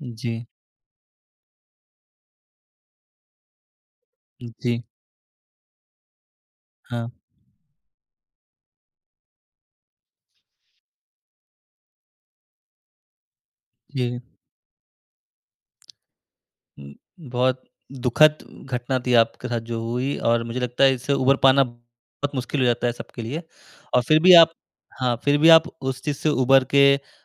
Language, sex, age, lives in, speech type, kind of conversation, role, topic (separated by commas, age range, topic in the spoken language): Hindi, male, 20-24, India, conversation, podcast, host, जब परिवार और करियर में टकराव हो, तो आप किसे प्राथमिकता देते हैं?